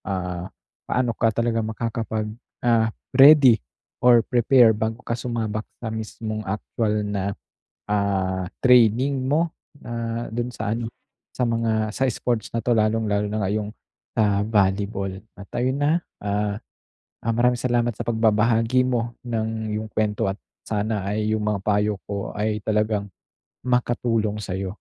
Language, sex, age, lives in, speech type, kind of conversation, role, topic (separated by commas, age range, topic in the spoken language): Filipino, male, 25-29, Philippines, conversation, advice, advisor, Paano ko masisimulan ang bagong libangan kahit natatakot ako?